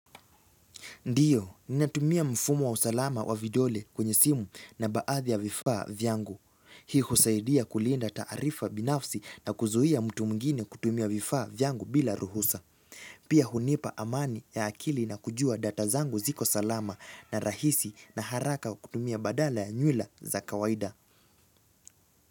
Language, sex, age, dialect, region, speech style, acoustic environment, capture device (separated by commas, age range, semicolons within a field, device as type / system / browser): Swahili, male, 18-24, Kenya - Nairobi Sheng-influenced, Kenya, excited; focused; relaxed, nature; silence, mobile / Linux / Chrome